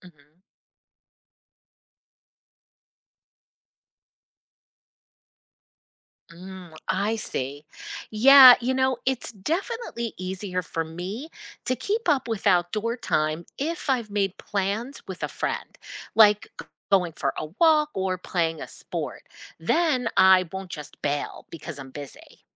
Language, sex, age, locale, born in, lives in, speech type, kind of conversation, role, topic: English, female, 50-54, en-US, United States, United States, read, expert-assistant, asker, How can I make outdoor activities a regular part of my week, even with a busy schedule?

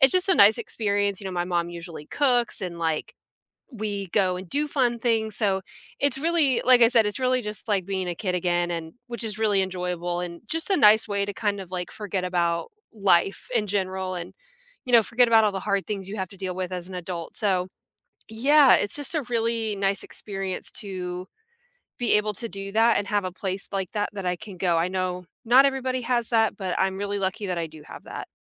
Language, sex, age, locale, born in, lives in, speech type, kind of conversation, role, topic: English, female, 30-34, en-US, United States, United States, conversation, unstructured, none, Where outside your home do you feel most at home, and what makes it feel like yours?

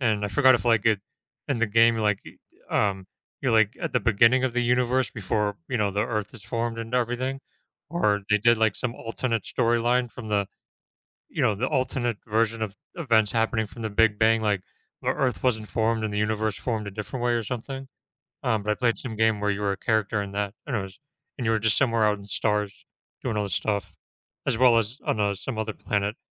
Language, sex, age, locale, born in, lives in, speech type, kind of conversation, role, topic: English, male, 35-39, en-US, United States, United States, conversation, podcast, guest, How have childhood games shaped your memories and interests as an adult?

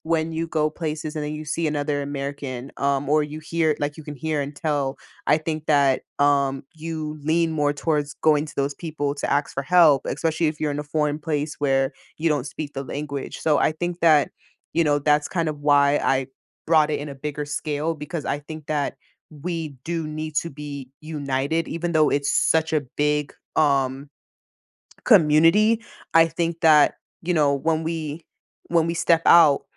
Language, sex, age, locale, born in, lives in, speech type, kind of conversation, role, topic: English, female, 20-24, en-US, United States, United States, conversation, podcast, guest, What helps people feel like they truly belong when joining a new community?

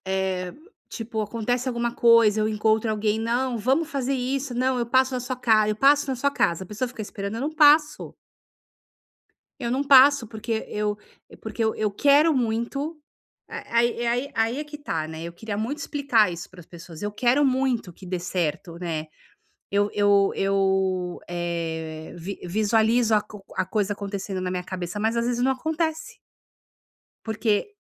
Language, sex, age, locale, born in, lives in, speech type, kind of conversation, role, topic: Portuguese, female, 50-54, pt-BR, Brazil, United States, conversation, advice, user, Como posso dizer não e negociar expectativas sem me sentir culpado?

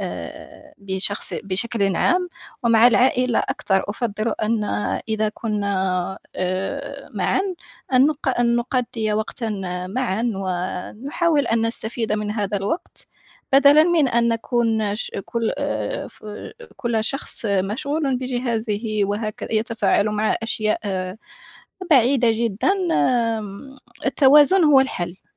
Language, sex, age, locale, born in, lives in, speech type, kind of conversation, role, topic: Arabic, female, 25-29, ar-MA, Morocco, France, conversation, unstructured, none, إيه الطرق اللي بتخلي العيلة تحس بالسعادة وهي مع بعض؟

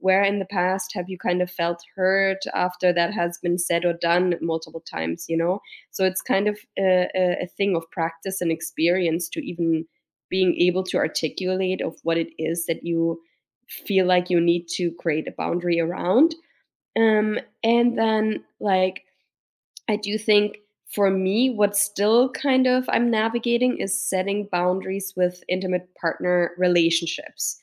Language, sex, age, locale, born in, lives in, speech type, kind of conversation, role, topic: English, female, 35-39, en-DE, Germany, United States, conversation, unstructured, none, How do you set small boundaries without making things feel awkward?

